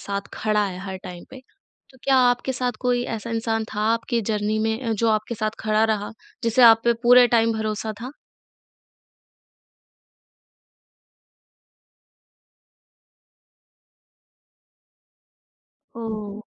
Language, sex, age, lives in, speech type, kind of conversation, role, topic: Hindi, female, 20-24, India, conversation, podcast, host, Kaise motivation maintain karte ho jab progress slow ho?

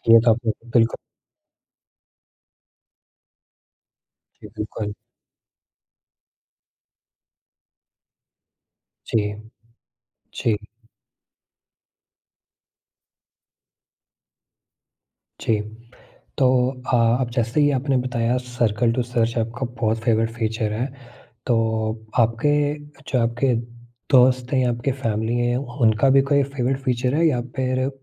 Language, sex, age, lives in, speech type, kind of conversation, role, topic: Hindi, male, 18-19, India, conversation, podcast, host, Apne phone ka sabse kaam ka feature kaunsa hai, aur kyun?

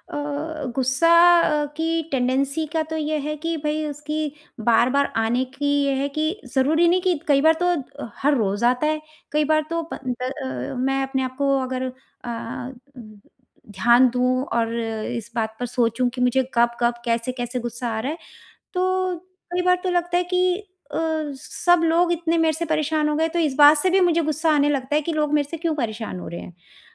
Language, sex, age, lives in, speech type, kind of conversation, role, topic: Hindi, female, 45-49, India, conversation, advice, user, मैं क्रोध के क्षणों में तर्क-वितर्क को शांत कैसे करूँ?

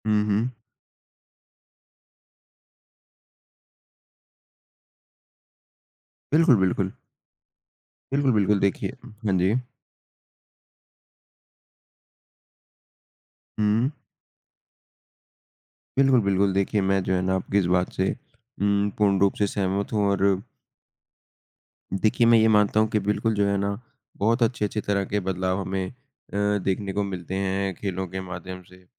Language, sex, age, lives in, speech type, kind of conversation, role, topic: Hindi, male, 20-24, India, conversation, unstructured, none, आपके हिसाब से खेल किस तरह जीवन को बदल सकते हैं?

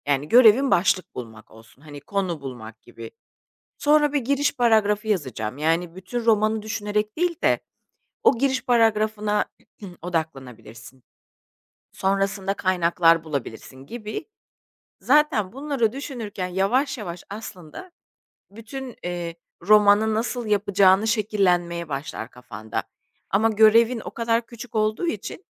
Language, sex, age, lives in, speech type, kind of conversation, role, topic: Turkish, female, 40-44, Spain, conversation, advice, advisor, Mükemmeliyetçilik yüzünden sürekli stres yaşıyorum ve ertelemeye başvuruyorum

